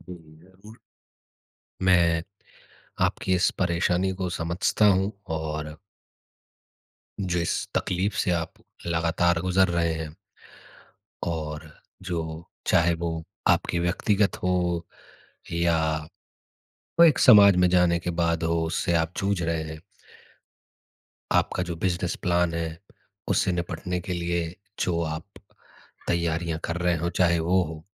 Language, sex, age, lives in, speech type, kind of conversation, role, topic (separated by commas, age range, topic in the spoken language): Hindi, male, 30-34, India, conversation, advice, advisor, डर और खुद पर शक होने पर असफलता के बाद मैं फिर से कोशिश कैसे करूँ?